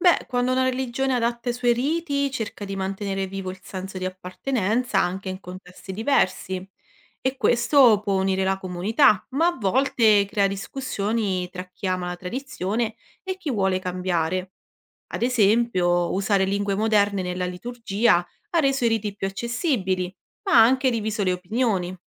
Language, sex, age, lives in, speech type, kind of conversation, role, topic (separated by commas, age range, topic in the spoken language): Italian, female, 30-34, Italy, read, expert-assistant, expert, Che cosa vuol dire "rito" nelle diverse religioni e come è cambiato nel tempo?